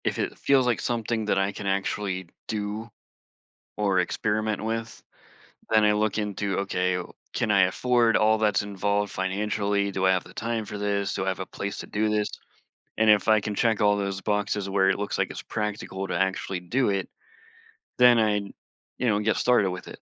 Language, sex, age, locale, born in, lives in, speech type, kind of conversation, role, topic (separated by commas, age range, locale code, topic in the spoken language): English, male, 40-44, en-US, United States, United States, conversation, podcast, guest, What helps you find motivation and fresh ideas at the start of a creative project?